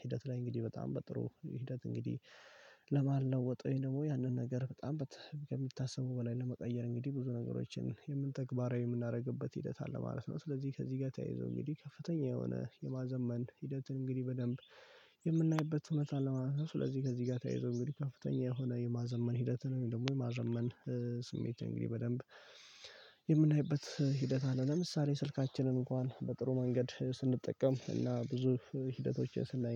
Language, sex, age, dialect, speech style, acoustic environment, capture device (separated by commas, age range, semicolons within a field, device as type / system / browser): Amharic, male, 18-24, Ethiopia - Addis Ababa, focused, silence, mobile / Linux / Chrome